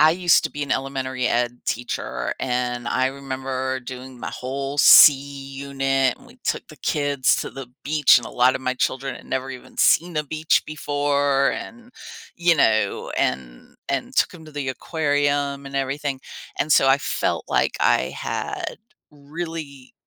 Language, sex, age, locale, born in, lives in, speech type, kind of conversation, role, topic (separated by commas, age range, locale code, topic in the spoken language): English, female, 50-54, en-US, United States, United States, conversation, podcast, host, Why are healthy forests essential for both nature and people?